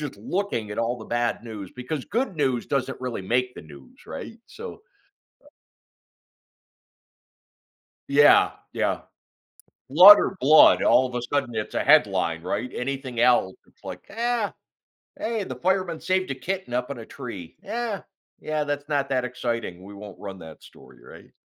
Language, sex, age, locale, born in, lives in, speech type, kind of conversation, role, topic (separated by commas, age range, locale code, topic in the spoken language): English, male, 55-59, en-US, United States, United States, conversation, podcast, guest, What challenges do people face from constant internet use?